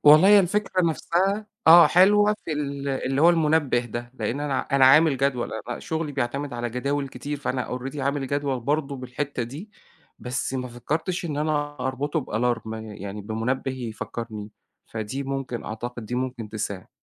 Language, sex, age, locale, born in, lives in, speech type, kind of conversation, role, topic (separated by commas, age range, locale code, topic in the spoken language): Arabic, male, 40-44, ar-EG, Egypt, Egypt, conversation, advice, user, إزاي بتوصفي إحساسك بالإرهاق العاطفي وعدم القدرة على التركيز؟